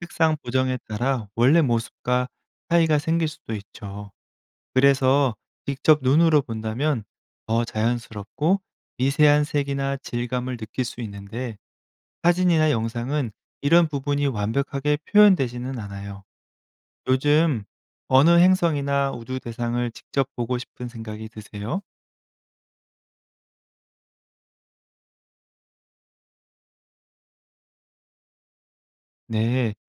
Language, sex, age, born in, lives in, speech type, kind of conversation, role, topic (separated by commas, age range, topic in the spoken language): Korean, male, 35-39, South Korea, France, read, expert-assistant, expert, 지구는 왜 둥글까요?